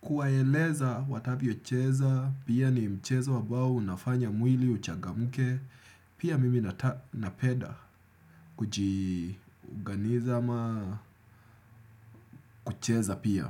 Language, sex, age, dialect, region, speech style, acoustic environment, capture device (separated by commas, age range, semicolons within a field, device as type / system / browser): Swahili, male, 25-34, Kenya - Nairobi Sheng-influenced, Kenya, happy; relaxed; excited; focused, nature; silence; appliances, desktop / Windows / Chrome